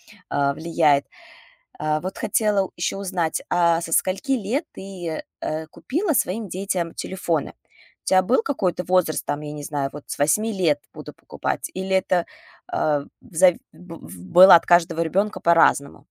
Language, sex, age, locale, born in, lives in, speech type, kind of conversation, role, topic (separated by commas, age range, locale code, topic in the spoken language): Russian, female, 35-39, ru-RU, Russia, United States, conversation, podcast, host, Как вы устанавливаете границы экранного времени в семье?